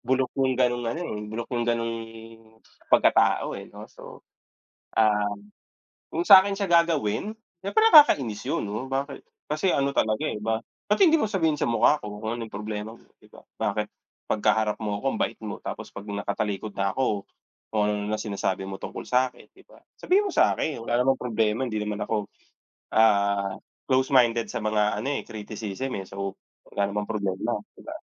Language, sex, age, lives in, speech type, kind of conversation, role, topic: Filipino, male, 30-34, Philippines, conversation, unstructured, none, Ano ang nararamdaman mo kapag may taong naninira sa likod mo?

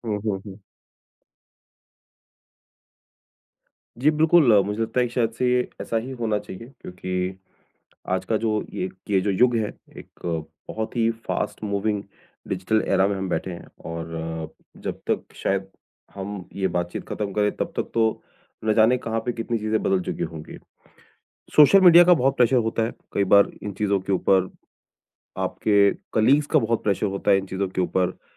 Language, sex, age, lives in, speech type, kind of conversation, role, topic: Hindi, male, 40-44, India, conversation, podcast, host, Toxic dosti se nikalne ke liye tum kya approach apnaate ho?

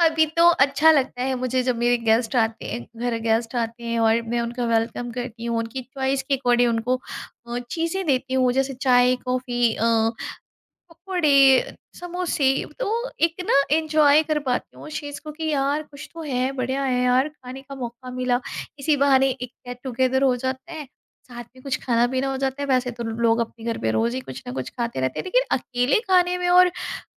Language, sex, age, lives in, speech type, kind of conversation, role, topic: Hindi, female, 35-39, India, conversation, podcast, guest, Kisi mehmaan ke liye khaas dinner plan karte waqt tum sabse pehle kya sochte ho?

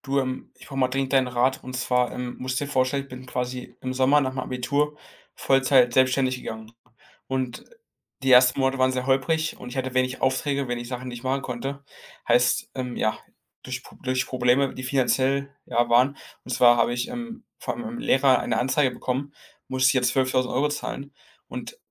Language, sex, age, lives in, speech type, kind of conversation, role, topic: German, male, 18-19, Germany, conversation, advice, user, Was kann ich tun, wenn ich mich in meinem Startup von Aufgaben und Prioritäten völlig überwältigt fühle?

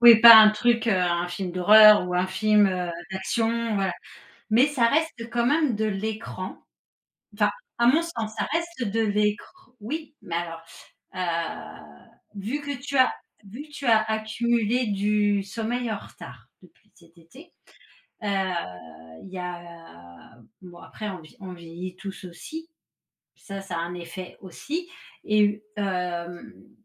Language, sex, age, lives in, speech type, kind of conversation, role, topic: French, female, 40-44, France, conversation, advice, advisor, Pourquoi est-ce que je me réveille trop tôt sans pouvoir me rendormir, avec une fatigue persistante ?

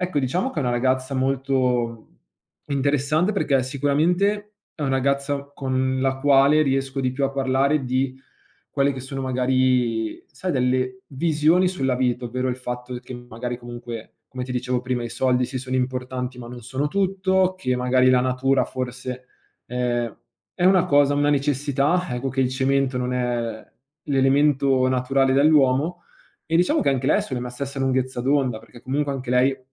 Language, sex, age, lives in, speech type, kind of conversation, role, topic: Italian, male, 25-29, Italy, conversation, advice, user, Come posso comunicare la fine di una relazione in modo rispettoso?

